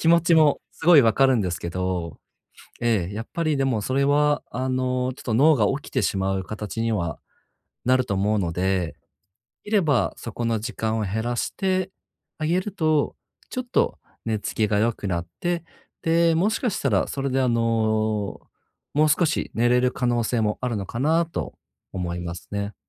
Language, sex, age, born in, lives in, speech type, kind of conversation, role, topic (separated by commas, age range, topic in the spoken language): Japanese, male, 30-34, Japan, Japan, conversation, advice, advisor, 食事を変えてもっとよく眠るには、どのような食事習慣を心がければよいですか？